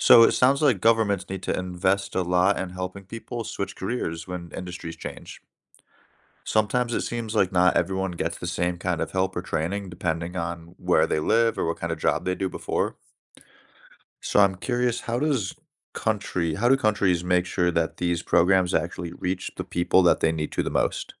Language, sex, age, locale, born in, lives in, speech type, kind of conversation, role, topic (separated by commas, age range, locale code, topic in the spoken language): English, male, 30-34, en-US, United States, United States, read, expert-assistant, asker, How does innovation drive economic growth?